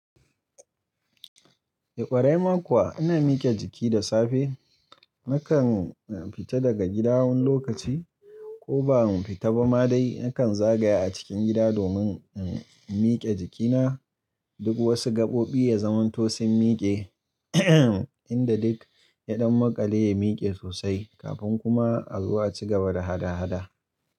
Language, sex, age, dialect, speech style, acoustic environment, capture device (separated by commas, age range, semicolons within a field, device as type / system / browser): Hausa, male, 25-34, Nigeria - Kano, relaxed, silence, mobile / Linux / Chrome